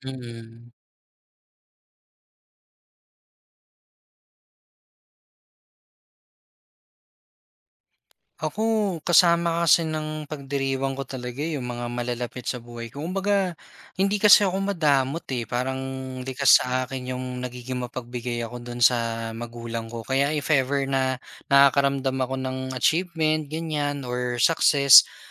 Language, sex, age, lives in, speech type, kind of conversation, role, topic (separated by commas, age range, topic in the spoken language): Filipino, male, 25-29, Philippines, conversation, podcast, guest, Ano ang mga maliliit mong hakbang para unti-unting maabot ang pangarap mo?